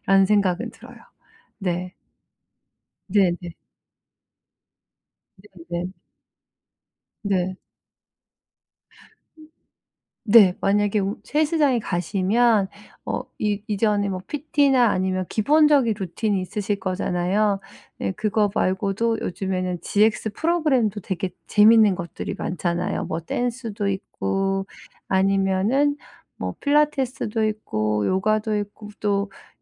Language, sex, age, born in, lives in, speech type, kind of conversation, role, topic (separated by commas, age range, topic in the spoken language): Korean, female, 40-44, South Korea, United States, conversation, advice, advisor, 동기를 유지하면서 번아웃을 피하려면 어떻게 해야 하나요?